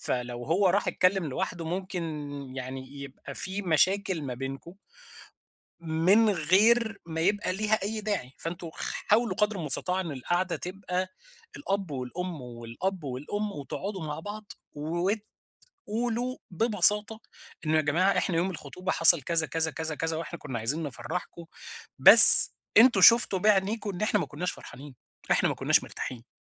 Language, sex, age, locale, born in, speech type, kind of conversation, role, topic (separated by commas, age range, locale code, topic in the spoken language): Arabic, male, 30-34, ar-EG, Egypt, conversation, advice, advisor, إزاي أتعامل مع اختلاف توقعات أهلي وأصحابي بخصوص تنظيم والاحتفال بالمناسبات الاجتماعية؟